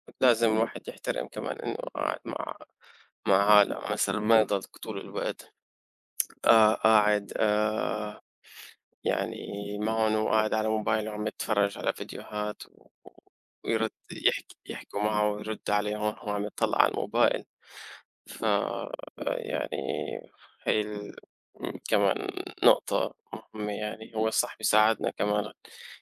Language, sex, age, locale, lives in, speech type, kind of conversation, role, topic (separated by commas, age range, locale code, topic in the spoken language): Arabic, male, 25-29, ar-SY, United States, conversation, unstructured, none, إيه رأيك في تأثير الموبايل على تركيزنا اليومي؟